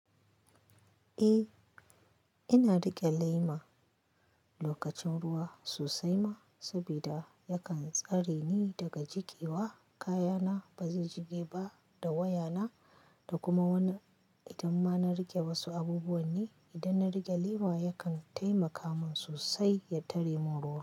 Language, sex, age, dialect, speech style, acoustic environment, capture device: Hausa, female, 25-34, Nigeria - Kano, happy; anxious; relaxed; excited; focused, nature; silence, mobile / Linux / Chrome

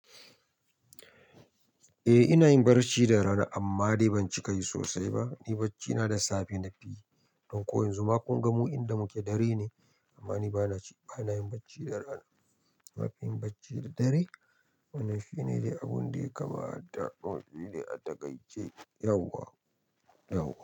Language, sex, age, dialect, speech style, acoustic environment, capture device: Hausa, male, 25-34, Nigeria - Nigerian Standard, happy, people talking, mobile / Linux / Chrome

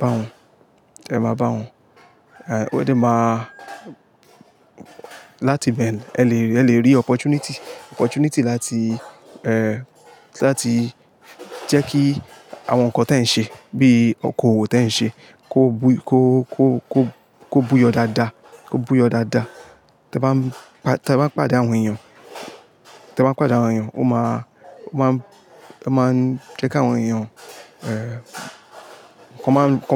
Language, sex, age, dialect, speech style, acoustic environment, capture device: Yoruba, male, 25-34, Nigeria - Lagos Yoruba, focused; stressed, nature; people talking, mobile / Linux / Chrome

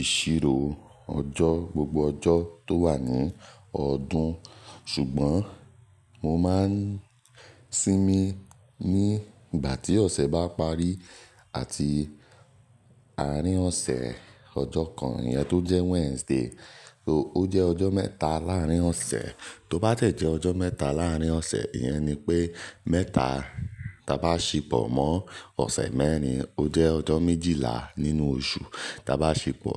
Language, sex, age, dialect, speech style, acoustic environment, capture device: Yoruba, male, 18-24, Nigeria - Nigerian Standard, focused, nature; appliances, mobile / Linux / Chrome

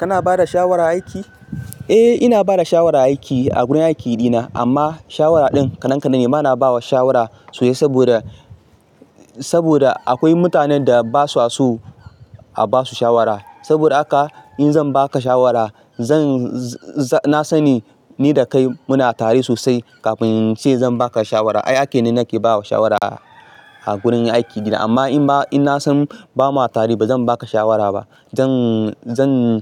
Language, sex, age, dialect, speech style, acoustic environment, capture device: Hausa, male, 25-34, Nigeria - Ibadan, excited, nature, mobile / Linux / Chrome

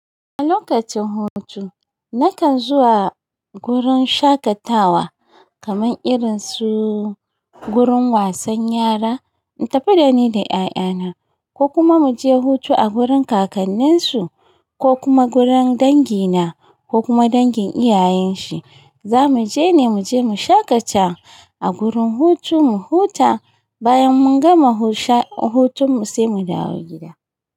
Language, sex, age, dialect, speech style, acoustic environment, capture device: Hausa, female, 35-44, Nigeria - Kano, happy, nature, mobile / Linux / Chrome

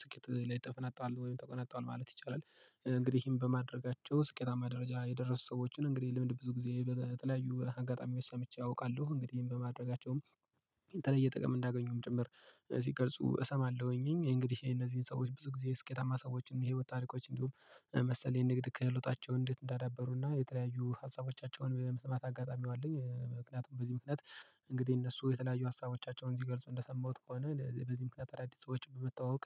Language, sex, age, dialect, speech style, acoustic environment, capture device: Amharic, male, 25-34, Ethiopia - Gojjam, relaxed, silence, mobile / Linux / Chrome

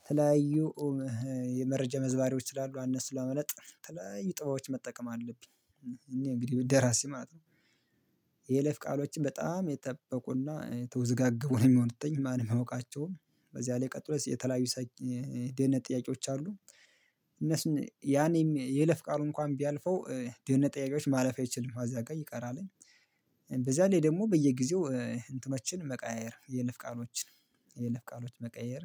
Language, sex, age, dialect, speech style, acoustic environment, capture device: Amharic, male, 25-34, Ethiopia - Gondar, focused; excited, silence, mobile / Linux / Chrome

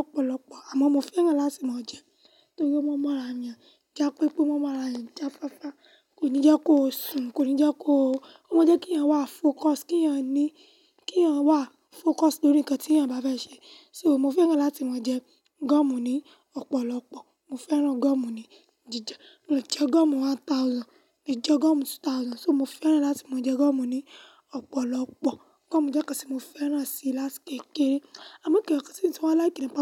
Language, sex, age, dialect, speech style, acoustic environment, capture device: Yoruba, female, 18-24, Nigeria - Lagos Yoruba, nervous, silence, mobile / Linux / Chrome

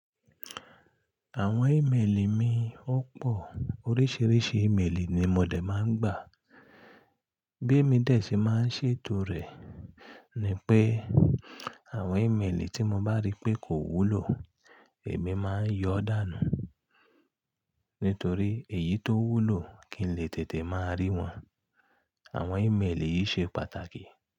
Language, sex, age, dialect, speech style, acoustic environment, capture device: Yoruba, male, 35-44, Nigeria - Ibadan, relaxed, nature; silence, mobile / Linux / Chrome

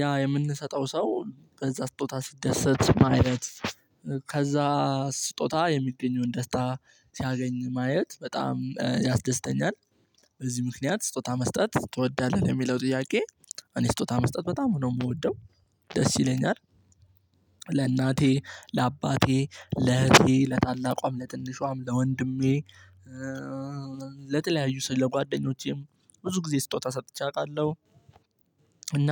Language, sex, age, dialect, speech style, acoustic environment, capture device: Amharic, male, 18-24, Ethiopia - Addis Ababa, relaxed, nature, mobile / Linux / Chrome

